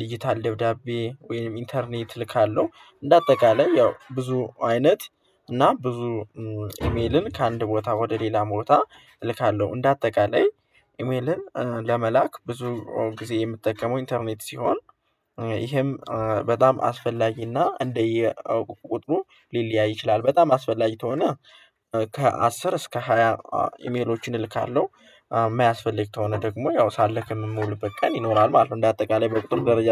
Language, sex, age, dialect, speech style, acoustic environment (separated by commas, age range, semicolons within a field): Amharic, male, 18-24, Ethiopia - Gojjam, happy, silence